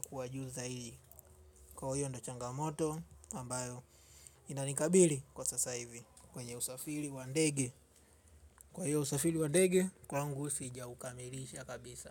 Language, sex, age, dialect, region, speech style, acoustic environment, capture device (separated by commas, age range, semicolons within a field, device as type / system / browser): Swahili, male, 25-34, Tanzania - Dar es Salaam, Tanzania, relaxed, silence; nature, mobile / Linux / Chrome